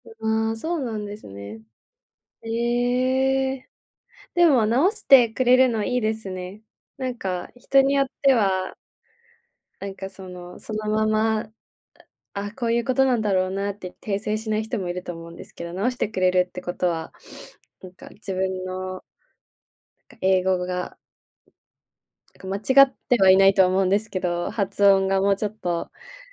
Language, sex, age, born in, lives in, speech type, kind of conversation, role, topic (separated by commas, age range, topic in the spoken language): Japanese, female, 20-24, Japan, Japan, conversation, advice, advisor, 進捗が見えず達成感が持てず、やる気が続かないときはどうすればよいですか？